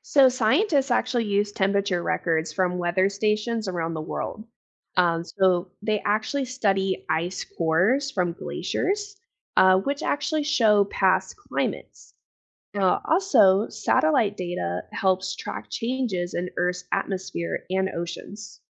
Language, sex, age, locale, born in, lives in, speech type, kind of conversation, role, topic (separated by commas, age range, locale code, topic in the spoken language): English, female, 25-29, en-US, United States, United States, read, expert-assistant, expert, How do scientists track climate change over time?